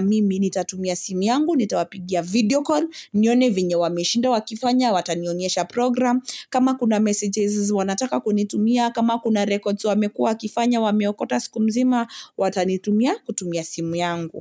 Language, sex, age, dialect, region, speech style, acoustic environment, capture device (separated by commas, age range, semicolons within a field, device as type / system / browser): Swahili, female, 25-34, Kenya - Nairobi Sheng-influenced, Kenya, happy; relaxed, silence, mobile / Linux / Chrome